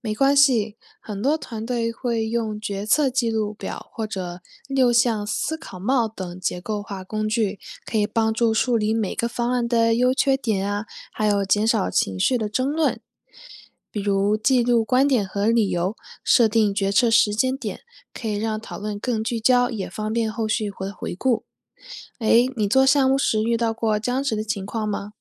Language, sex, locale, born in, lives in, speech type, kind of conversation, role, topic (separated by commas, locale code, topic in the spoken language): Chinese, female, zh-CN, China, United States, read, expert-assistant, expert, 为什么有些创业点子能成功，而有些却失败了？背后的原因有哪些？